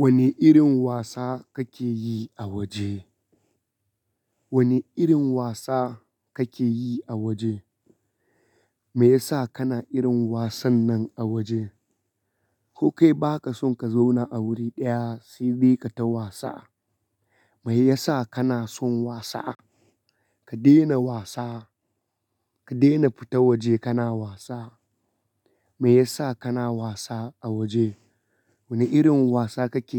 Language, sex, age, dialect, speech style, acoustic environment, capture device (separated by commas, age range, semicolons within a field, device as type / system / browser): Hausa, male, 25-34, Nigeria - Kano, relaxed, silence, mobile / Linux / Chrome